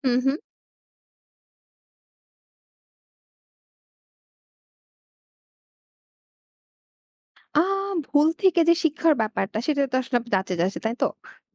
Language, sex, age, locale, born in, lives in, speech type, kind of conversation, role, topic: Bengali, female, 20-24, bn-BD, Bangladesh, Bangladesh, conversation, podcast, guest, তুমি কোনো সম্পর্কের ভুল থেকে কী গুরুত্বপূর্ণ উপলব্ধি পেয়েছো?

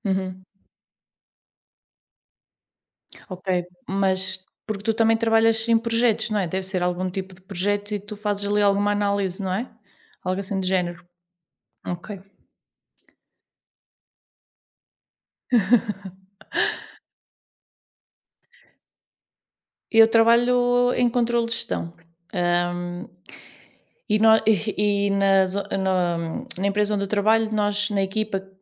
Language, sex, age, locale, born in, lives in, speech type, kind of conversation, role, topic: Portuguese, female, 30-34, pt-PT, Portugal, Portugal, conversation, unstructured, none, O que faz você gostar do seu trabalho?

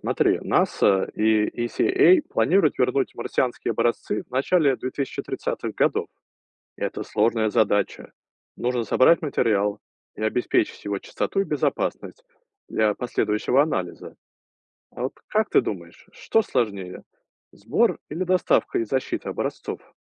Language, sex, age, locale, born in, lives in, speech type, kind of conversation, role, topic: Russian, male, 35-39, ru-RU, Russia, United States, read, expert-assistant, expert, Что учёные реально знают о жизни во Вселенной, а что пока только обсуждают?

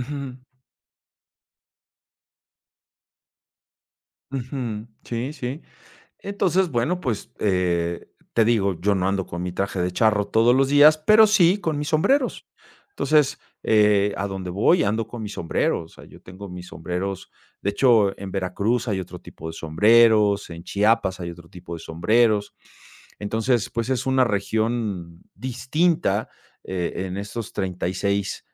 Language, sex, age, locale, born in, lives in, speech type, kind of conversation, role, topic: Spanish, male, 50-54, es-MX, Mexico, Mexico, conversation, podcast, guest, ¿Qué prendas te conectan con tus raíces?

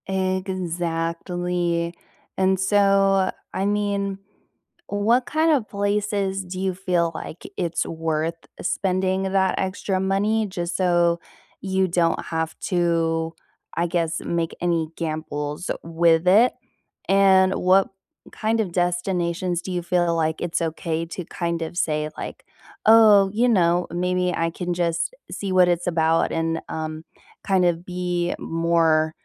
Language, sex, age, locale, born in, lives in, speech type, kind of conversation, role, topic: English, female, 20-24, en-US, United States, United States, conversation, unstructured, none, How do you feel about paying high prices for popular travel destinations?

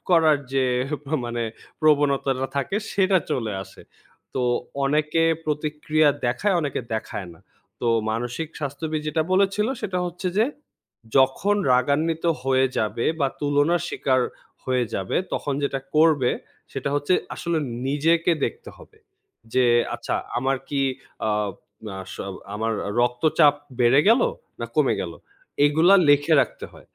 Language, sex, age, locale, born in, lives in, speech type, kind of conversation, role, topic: Bengali, male, 20-24, bn-BD, Bangladesh, Bangladesh, conversation, podcast, guest, অন্যের সঙ্গে নিজেকে তুলনা কমাতে তুমি কী করো?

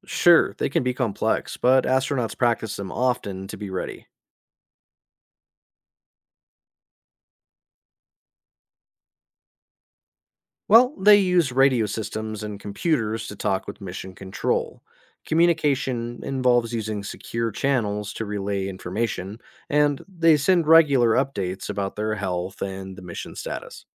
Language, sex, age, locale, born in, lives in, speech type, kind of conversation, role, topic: English, male, 30-34, en-US, United States, United States, read, expert-assistant, expert, How do astronauts train for space missions?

